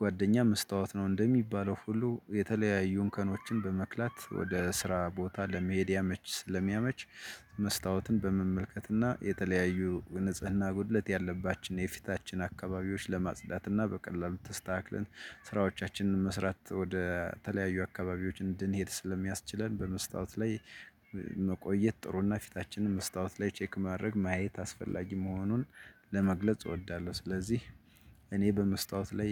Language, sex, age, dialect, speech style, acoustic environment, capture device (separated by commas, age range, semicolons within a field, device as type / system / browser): Amharic, male, 35-44, Ethiopia - Gojjam, relaxed; excited; focused, nature, desktop / Linux / Chrome